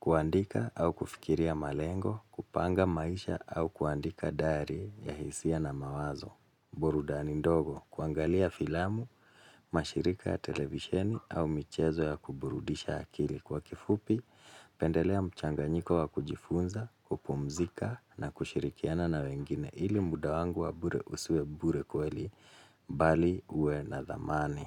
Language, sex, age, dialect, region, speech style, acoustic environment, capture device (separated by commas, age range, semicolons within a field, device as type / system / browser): Swahili, male, 35-44, Kenya - Nairobi Sheng-influenced, Kenya, focused, silence, mobile / Linux / Chrome